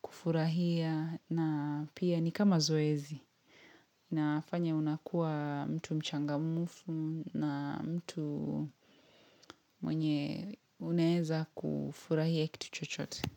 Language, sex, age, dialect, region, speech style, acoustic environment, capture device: Swahili, female, 25-34, Kenya - Mombasa, Kenya, relaxed; happy; focused; excited, silence, mobile / Linux / Chrome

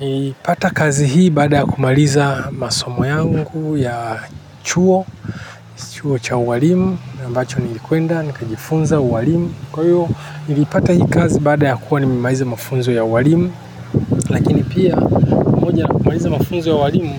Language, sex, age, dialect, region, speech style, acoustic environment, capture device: Swahili, male, 45-54, Kenya - Nairobi Sheng-influenced, Kenya, relaxed, nature; people talking; traffic, desktop / Linux / Chrome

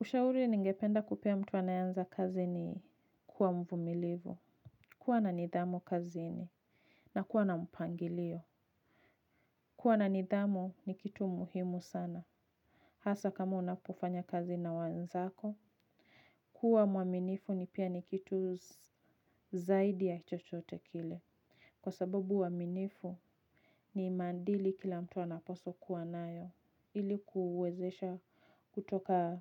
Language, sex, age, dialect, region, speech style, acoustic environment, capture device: Swahili, female, 25-34, Kenya - Nairobi Sheng-influenced, Kenya, relaxed, nature, mobile / Linux / Chrome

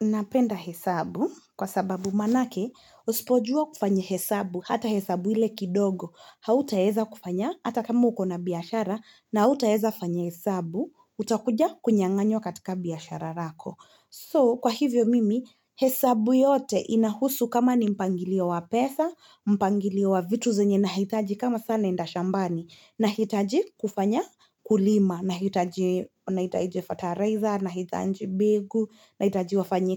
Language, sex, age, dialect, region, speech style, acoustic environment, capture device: Swahili, female, 25-34, Kenya - Nairobi Sheng-influenced, Kenya, relaxed, silence, mobile / Linux / Chrome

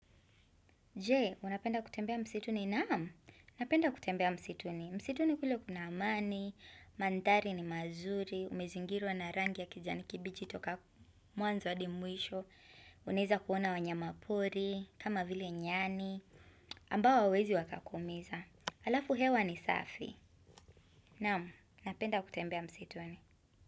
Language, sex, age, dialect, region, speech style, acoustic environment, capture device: Swahili, female, 25-34, Kenya - Mombasa, Kenya, anxious; happy, nature, desktop / Windows / Chrome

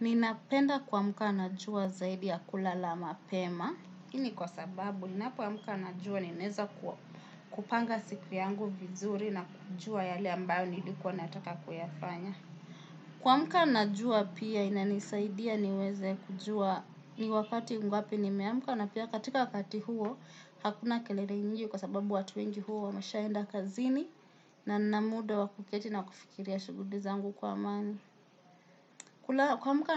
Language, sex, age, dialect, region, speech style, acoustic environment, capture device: Swahili, male, 18-24, Kenya - Nairobi Sheng-influenced, Kenya, relaxed, silence, desktop / Windows / Chrome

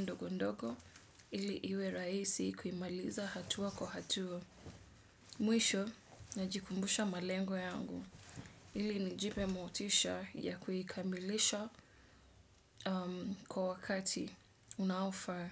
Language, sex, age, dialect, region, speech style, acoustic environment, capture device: Swahili, female, 25-34, Kenya - Mombasa, Kenya, relaxed, people talking; nature, desktop / Windows / Edge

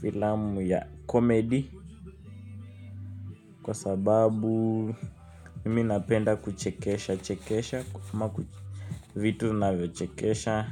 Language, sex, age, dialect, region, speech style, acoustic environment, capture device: Swahili, male, 18-24, Kenya - Nairobi Sheng-influenced, Kenya, nervous, music, mobile / Linux / Chrome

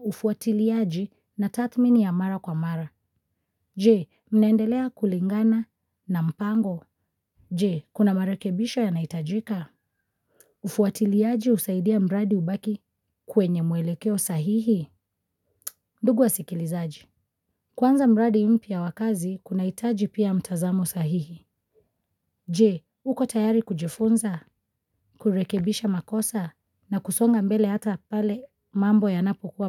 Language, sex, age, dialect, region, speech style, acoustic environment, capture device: Swahili, female, 25-34, Kenya - Mombasa, Kenya, focused, silence, mobile / Linux / Chrome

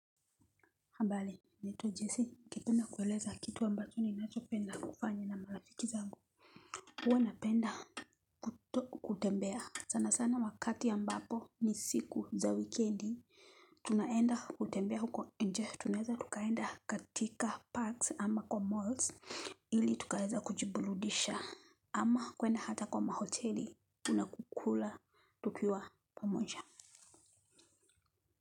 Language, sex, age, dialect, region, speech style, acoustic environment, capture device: Swahili, female, 35-44, Kenya - Nairobi Sheng-influenced, Kenya, focused, silence, mobile / Linux / Chrome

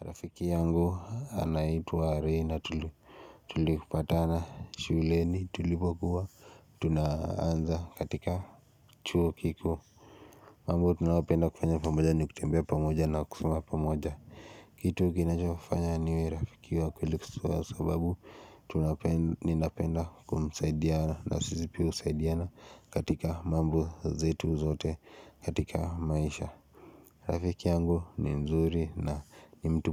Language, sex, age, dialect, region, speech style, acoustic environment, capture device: Swahili, male, 18-24, Kenya - Nairobi Sheng-influenced, Kenya, relaxed; focused, silence, mobile / Linux / Chrome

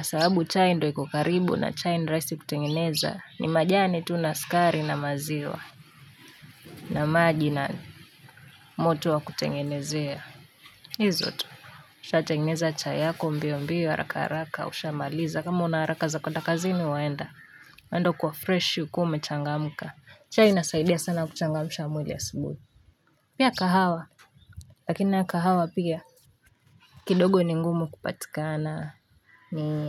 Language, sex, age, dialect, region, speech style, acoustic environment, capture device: Swahili, female, 18-24, Kenya - Nairobi Sheng-influenced, Kenya, relaxed, nature; silence; people talking, mobile / Linux / Chrome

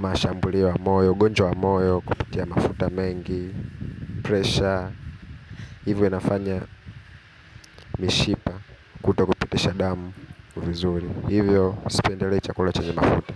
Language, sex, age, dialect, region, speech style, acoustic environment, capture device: Swahili, male, 25-34, Kenya - Nairobi Sheng-influenced, Kenya, happy, people talking; traffic; construction; nature, mobile / Linux / Chrome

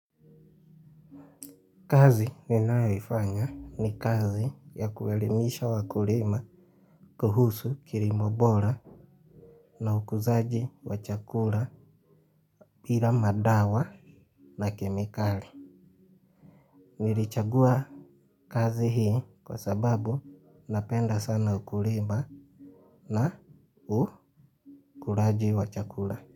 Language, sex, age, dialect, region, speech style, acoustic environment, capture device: Swahili, male, 25-34, Kenya - Mombasa, Kenya, relaxed, nature; silence, mobile / Linux / Chrome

